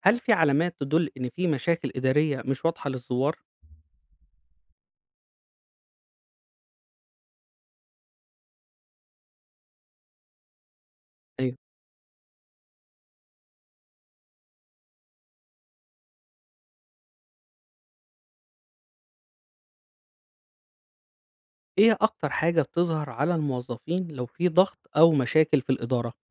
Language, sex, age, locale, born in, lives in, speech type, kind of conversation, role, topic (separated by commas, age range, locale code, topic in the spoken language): Arabic, male, 35-39, ar-EG, Egypt, Egypt, read, expert-assistant, asker, إزاي ممكن أحدد إذا كان نظام الإدارة في مستشفى معين فعال فعلاً؟ وإيه المؤشرات اللي أبحث عنها؟